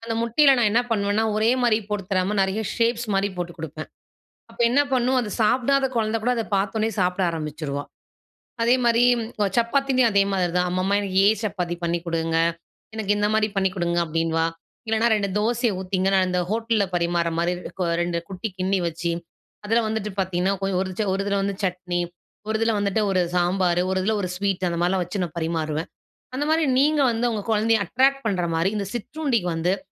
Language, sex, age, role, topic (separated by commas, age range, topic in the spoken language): Tamil, female, 35-39, host, சிற்றுண்டிகளுக்கு நல்ல மாற்றுகள் என்னென்ன பரிந்துரைப்பீர்கள்?